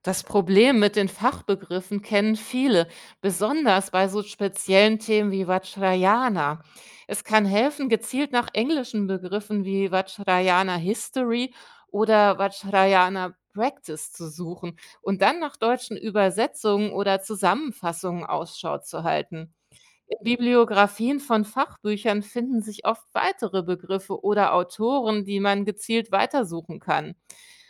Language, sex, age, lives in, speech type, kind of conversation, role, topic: German, female, 45-49, Germany, read, expert-assistant, expert, Wie finde und erkenne ich verlässliche wissenschaftliche Quellen zu speziellen Themen des Buddhismus?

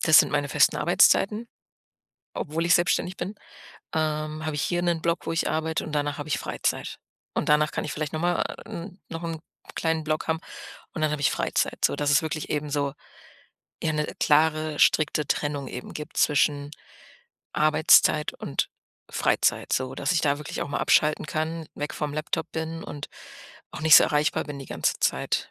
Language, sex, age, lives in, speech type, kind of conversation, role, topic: German, female, 30-34, Germany, conversation, advice, user, Wie finde ich eine bessere Balance zwischen Verpflichtungen und Freizeitaktivitäten?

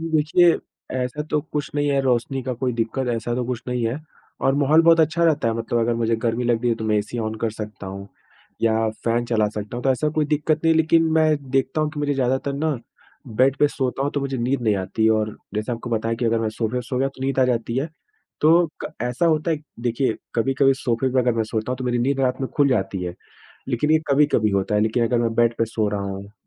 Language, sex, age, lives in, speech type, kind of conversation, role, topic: Hindi, male, 25-29, India, conversation, advice, user, रात में बार-बार जागने और सुबह थका हुआ महसूस होने का क्या कारण हो सकता है?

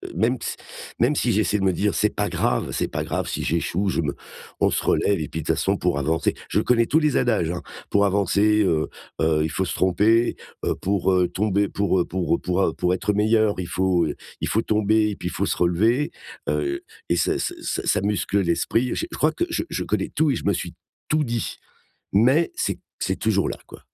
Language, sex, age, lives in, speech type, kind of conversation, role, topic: French, male, 55-59, France, conversation, advice, user, Pourquoi est-ce que j’hésite à essayer par peur d’échouer ou d’être critiqué ?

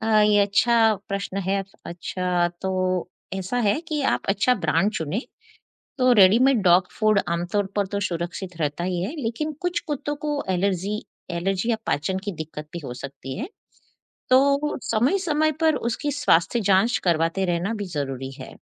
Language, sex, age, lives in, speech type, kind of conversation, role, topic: Hindi, female, 50-54, United States, read, expert-assistant, expert, अगर आपके पास सीमित समय और संसाधन हों, तो पालतू जानवरों की देखभाल में किन बातों को प्राथमिकता देनी चाहिए: पोषण, व्यायाम, या मानसिक उत्तेजना?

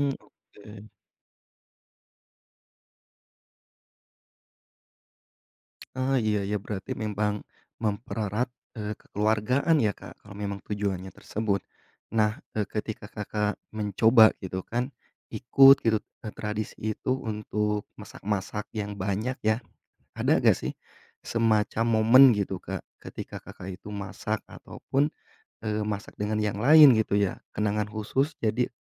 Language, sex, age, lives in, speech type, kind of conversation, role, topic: Indonesian, male, 30-34, Indonesia, conversation, podcast, host, Apa makanan yang selalu hadir saat keluarga berkumpul?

